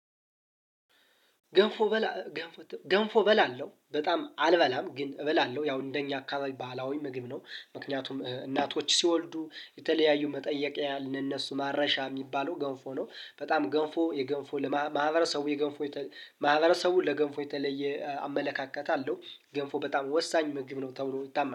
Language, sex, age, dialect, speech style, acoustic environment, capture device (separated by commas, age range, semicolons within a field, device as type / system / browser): Amharic, male, 25-34, Ethiopia - Gondar, relaxed, music, mobile / Linux / Chrome